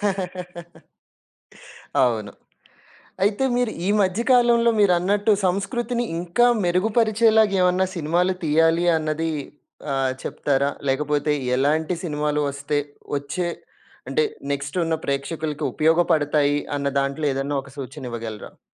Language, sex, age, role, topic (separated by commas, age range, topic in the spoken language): Telugu, male, 30-34, host, సినిమాలు మన సంస్కృతిని ఎలా ప్రతిబింబిస్తాయని మీరు అనుకుంటారు?